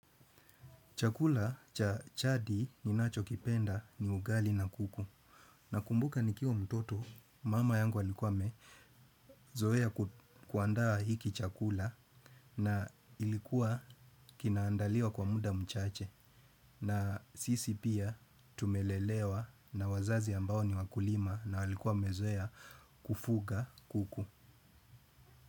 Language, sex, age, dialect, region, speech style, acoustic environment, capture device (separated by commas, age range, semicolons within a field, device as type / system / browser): Swahili, male, 35-44, Kenya - Mombasa, Kenya, happy; excited, nature; silence; people talking, desktop / Windows / Chrome